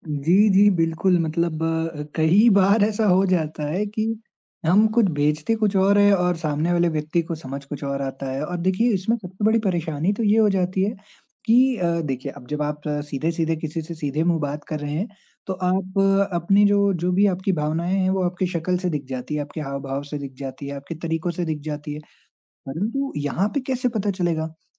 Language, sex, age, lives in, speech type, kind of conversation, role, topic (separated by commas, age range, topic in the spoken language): Hindi, male, 20-24, India, conversation, podcast, guest, क्या किसी संदेश में इमोजी की वजह से उसका मतलब कभी बदल गया है?